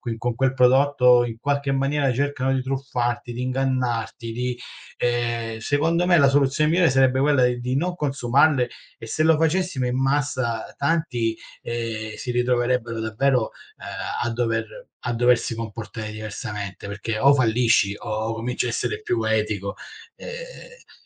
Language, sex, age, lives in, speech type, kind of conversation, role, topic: Italian, male, 40-44, Italy, conversation, unstructured, none, Cosa ne pensi delle pubblicità ingannevoli sui prodotti alimentari?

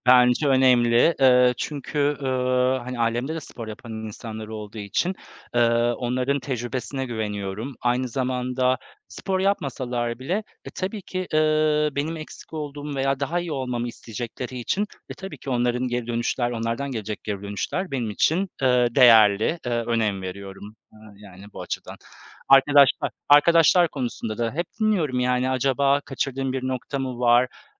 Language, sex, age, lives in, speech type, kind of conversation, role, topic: Turkish, male, 35-39, Poland, conversation, unstructured, none, Spor yaparken çevrenden gelen olumsuz yorumların üstesinden nasıl gelebilirsin?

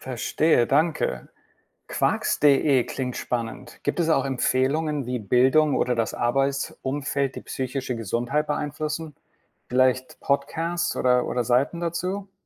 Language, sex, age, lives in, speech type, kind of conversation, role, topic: German, male, 55-59, United States, read, expert-assistant, asker, Kennst du spannende Podcasts oder Webseiten, auf denen man erfährt, wie Dinge wie Arbeit, Wohnen oder Bildung unsere Gesundheit beeinflussen?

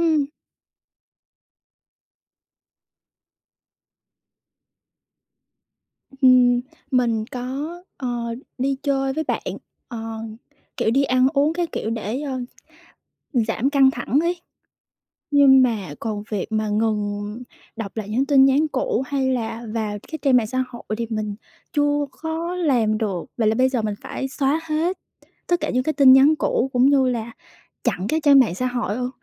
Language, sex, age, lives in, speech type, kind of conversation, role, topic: Vietnamese, female, 25-29, Vietnam, conversation, advice, user, Sau khi chia tay người yêu, bạn cần điều chỉnh cuộc sống hằng ngày như thế nào?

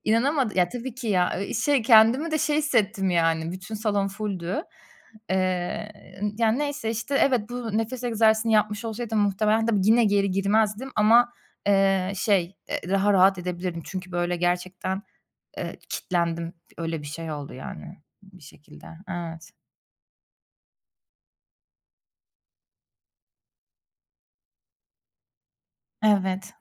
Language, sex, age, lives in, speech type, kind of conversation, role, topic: Turkish, female, 30-34, Germany, conversation, advice, user, Hızlı sakinleşmek için hangi nefes egzersizleri işe yarar?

